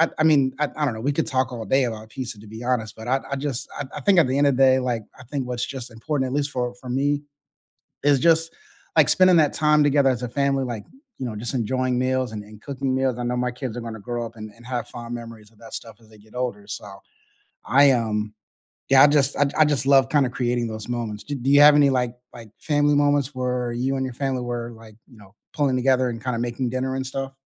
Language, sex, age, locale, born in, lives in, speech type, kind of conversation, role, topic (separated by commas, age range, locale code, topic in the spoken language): English, male, 35-39, en-US, United States, United States, conversation, unstructured, none, Which childhood comfort foods still warm your heart today, and what memories make them special?